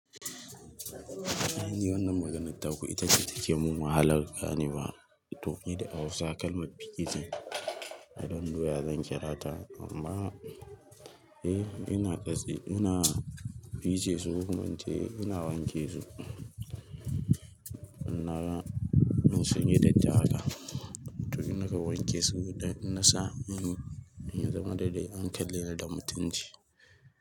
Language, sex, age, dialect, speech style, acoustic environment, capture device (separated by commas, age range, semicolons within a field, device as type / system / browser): Hausa, male, 18-24, Nigeria - Kano, focused, people talking, mobile / Linux / Chrome